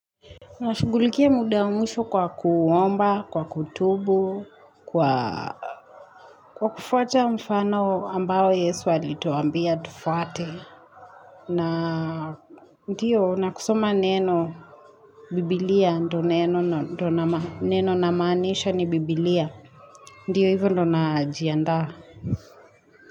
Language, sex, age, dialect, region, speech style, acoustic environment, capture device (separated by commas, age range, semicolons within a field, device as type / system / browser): Swahili, female, 25-34, Kenya - Nairobi Sheng-influenced, Kenya, relaxed, music, mobile / Linux / Chrome